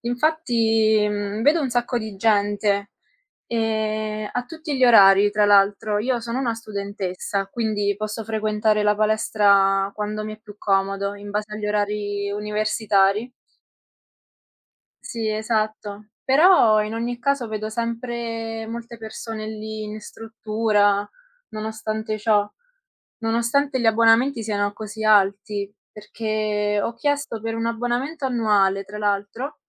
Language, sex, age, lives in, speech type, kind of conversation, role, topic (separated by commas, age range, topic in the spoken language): Italian, female, 20-24, Italy, conversation, unstructured, none, È giusto che le palestre siano così costose?